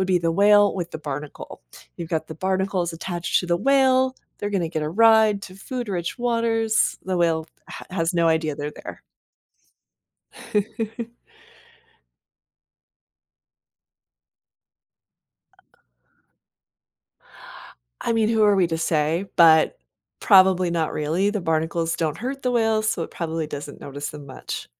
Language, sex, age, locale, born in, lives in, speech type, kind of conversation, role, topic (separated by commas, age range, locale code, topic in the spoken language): English, female, 45-49, en-US, United States, United States, read, expert-assistant, expert, What are the different types of animal symbiosis and how do they benefit organisms?